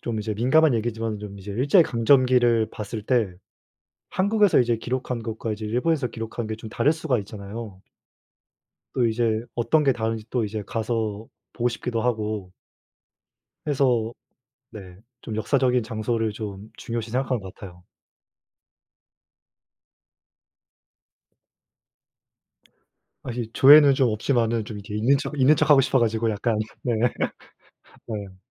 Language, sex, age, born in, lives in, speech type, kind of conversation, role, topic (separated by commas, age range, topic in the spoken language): Korean, male, 20-24, South Korea, South Korea, conversation, unstructured, none, 여행지를 고를 때 어떤 요소들을 가장 중요하게 생각하시나요?